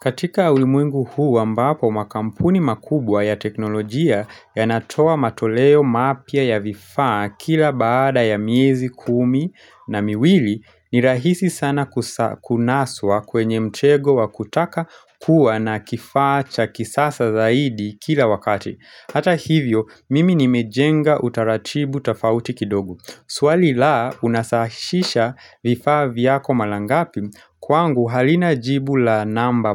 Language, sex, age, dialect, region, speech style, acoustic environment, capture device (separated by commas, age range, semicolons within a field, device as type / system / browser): Swahili, male, 25-34, Kenya - Nairobi Sheng-influenced, Kenya, relaxed, nature, mobile / Linux / Chrome